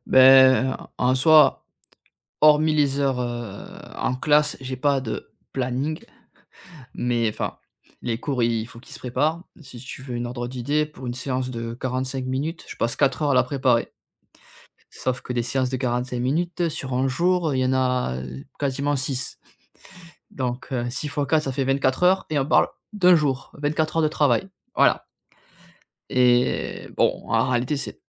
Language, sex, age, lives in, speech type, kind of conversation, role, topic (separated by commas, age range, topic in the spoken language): French, male, 30-34, France, conversation, advice, user, Comment faire quand je n’ai jamais de temps pour moi ni de loisirs chaque semaine ?